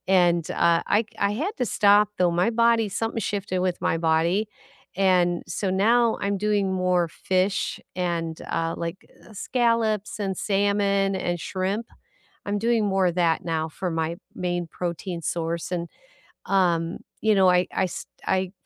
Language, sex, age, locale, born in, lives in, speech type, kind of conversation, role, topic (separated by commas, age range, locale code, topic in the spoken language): English, female, 60-64, en-US, United States, United States, conversation, unstructured, none, What does a nourishing meal look like to you?